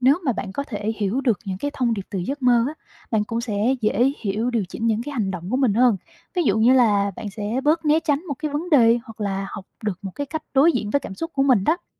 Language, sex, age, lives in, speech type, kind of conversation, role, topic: Vietnamese, female, 25-29, Vietnam, read, expert-assistant, expert, Tại sao con người lại mơ khi ngủ?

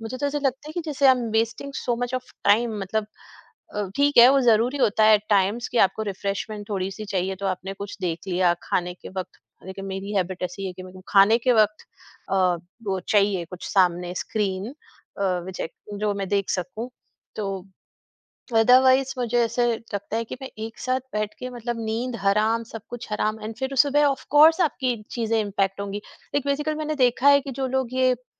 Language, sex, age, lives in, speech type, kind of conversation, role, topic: Hindi, female, 45-49, India, conversation, podcast, guest, Tumhare hisaab se binge-watching ka kya asar hota hai?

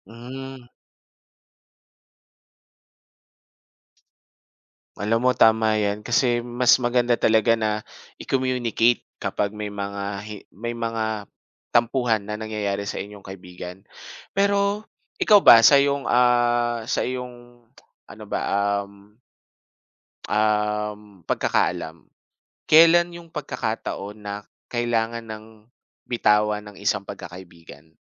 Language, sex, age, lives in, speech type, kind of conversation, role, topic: Filipino, male, 25-29, Philippines, conversation, podcast, host, Paano mo pinangangalagaan ang mga pagkakaibigan mo?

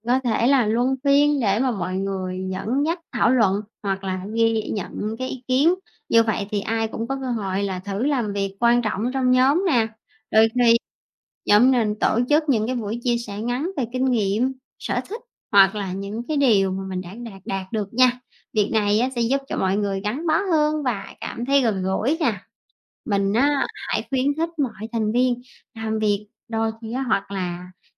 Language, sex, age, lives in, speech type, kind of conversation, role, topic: Vietnamese, female, 30-34, Vietnam, read, expert-assistant, expert, Những kỹ năng cần thiết để làm việc nhóm hiệu quả là gì?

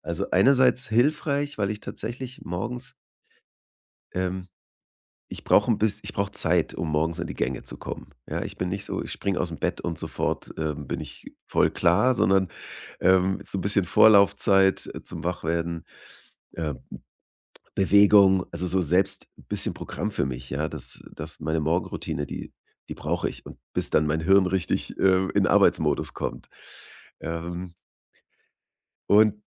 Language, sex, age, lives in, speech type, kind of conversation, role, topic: German, male, 35-39, Germany, conversation, podcast, guest, Wie richtest du Zeitblöcke ein, um konzentriert zu arbeiten?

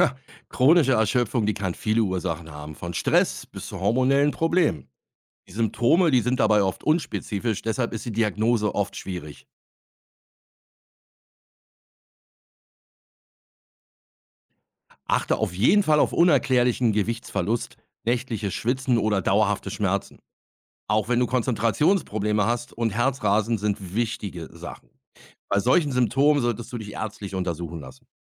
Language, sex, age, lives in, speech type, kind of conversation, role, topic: German, male, 50-54, Germany, read, expert-assistant, expert, Warum dauert es manchmal so lange, bis die Ursache für chronische Müdigkeit gefunden wird?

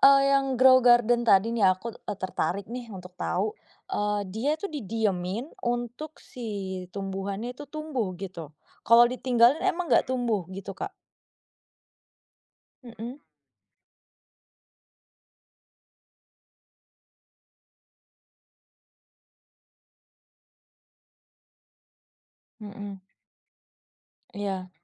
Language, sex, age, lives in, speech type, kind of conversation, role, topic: Indonesian, female, 25-29, Indonesia, conversation, podcast, host, Apa hobi favoritmu, dan kenapa kamu menyukainya?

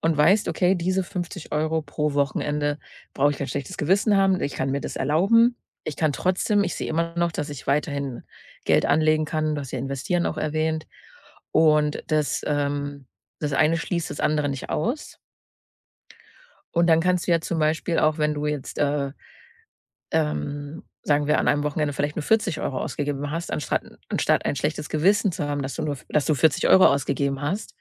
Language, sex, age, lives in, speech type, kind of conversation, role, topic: German, female, 30-34, Germany, conversation, advice, advisor, Warum habe ich ein schlechtes Gewissen, wenn ich Geld für Freizeit und Hobbys ausgebe?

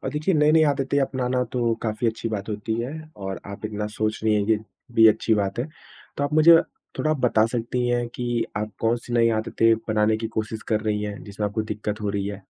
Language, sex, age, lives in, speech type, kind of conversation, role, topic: Hindi, male, 25-29, India, conversation, advice, advisor, नई आदतें बनाने में बार-बार विफल होना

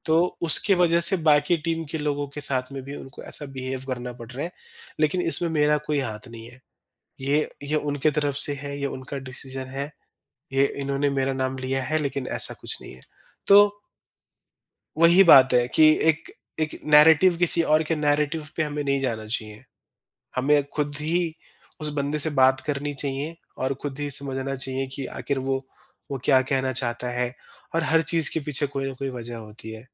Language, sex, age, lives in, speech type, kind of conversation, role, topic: Hindi, male, 30-34, India, conversation, podcast, guest, Apne jazbaat ek taraf rakhkar aap dhang se kaise sunte ho?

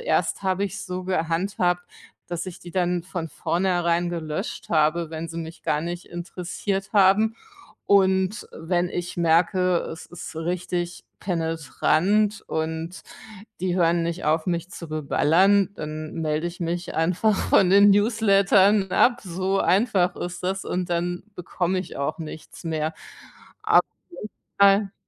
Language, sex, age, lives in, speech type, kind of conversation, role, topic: German, female, 45-49, Germany, conversation, podcast, guest, Wie gehst du mit der Newsletterflut in deinem Postfach um?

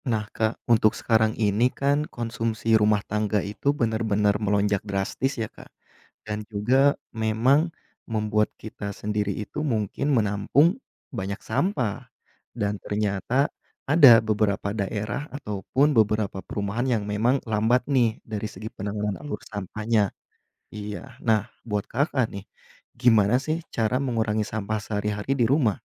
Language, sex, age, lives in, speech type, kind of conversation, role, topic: Indonesian, male, 30-34, Indonesia, conversation, podcast, host, Bagaimana cara kamu mengurangi sampah sehari-hari di rumah?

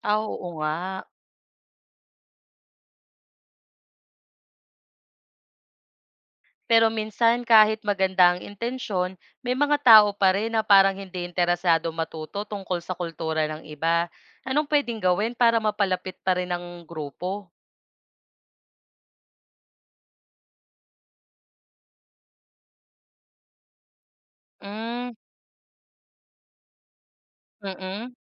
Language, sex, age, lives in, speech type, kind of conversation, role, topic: Filipino, female, 25-29, Philippines, read, expert-assistant, asker, Paano makakatulong ang pag-unawa sa iba’t ibang kultura sa pagpapabuti ng pakikitungo at pakikipagkapwa-tao sa trabaho at komunidad?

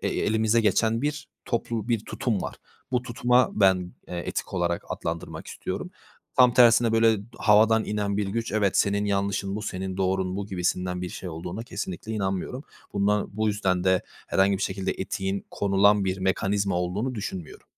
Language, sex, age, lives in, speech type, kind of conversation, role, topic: Turkish, male, 20-24, Poland, conversation, unstructured, none, Hedeflerine ulaşmak için etik olmayan yollara başvurmayı düşünür müsün?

